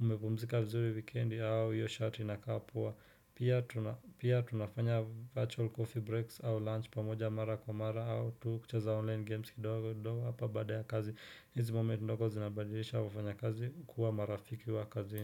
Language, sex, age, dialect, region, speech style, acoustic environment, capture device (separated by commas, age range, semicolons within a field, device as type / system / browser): Swahili, male, 25-34, Kenya - Nairobi Sheng-influenced, Kenya, relaxed, silence, desktop / Windows / Chrome